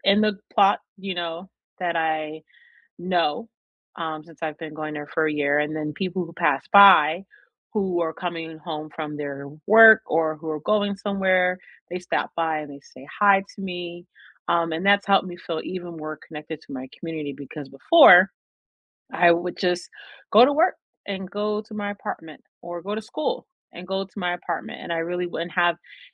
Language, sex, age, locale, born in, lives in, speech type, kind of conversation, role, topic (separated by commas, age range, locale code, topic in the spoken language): English, female, 35-39, en-US, United States, United States, conversation, unstructured, none, What people, places, and routines make you feel at home where you live, and why?